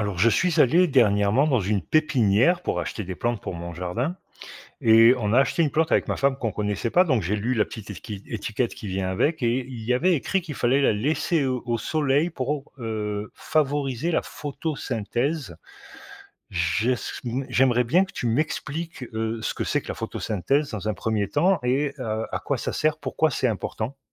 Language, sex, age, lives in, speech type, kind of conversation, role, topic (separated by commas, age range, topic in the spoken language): French, male, 50-54, Portugal, read, expert-assistant, asker, Qu’est-ce que la photosynthèse et pourquoi est-elle importante ?